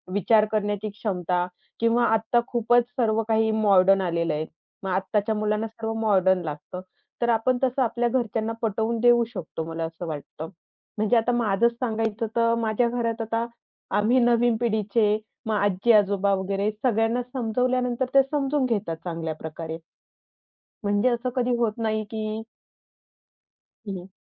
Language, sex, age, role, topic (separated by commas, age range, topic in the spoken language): Marathi, female, 25-29, guest, एकाच घरात वेगवेगळ्या अपेक्षा असतील, तर त्यांना तुम्ही कसे सामोरे जाता?